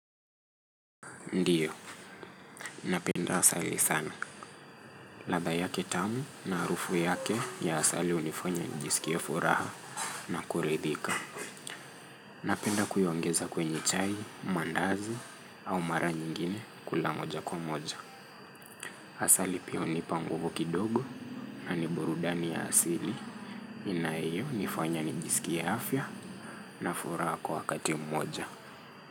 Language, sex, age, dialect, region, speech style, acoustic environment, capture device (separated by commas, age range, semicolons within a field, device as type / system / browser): Swahili, male, 25-34, Kenya - Nairobi Sheng-influenced, Kenya, focused, traffic; nature; music; people talking, mobile / Linux / Chrome